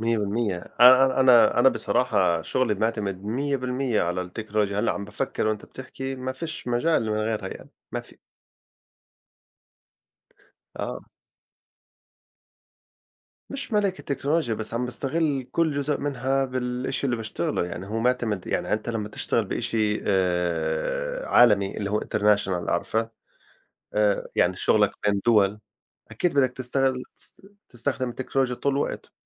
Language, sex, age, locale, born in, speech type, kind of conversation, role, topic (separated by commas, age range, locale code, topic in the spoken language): Arabic, male, 40-44, ar-JO, Saudi Arabia, conversation, unstructured, none, إزاي التكنولوجيا بتأثر على روتينك اليومي؟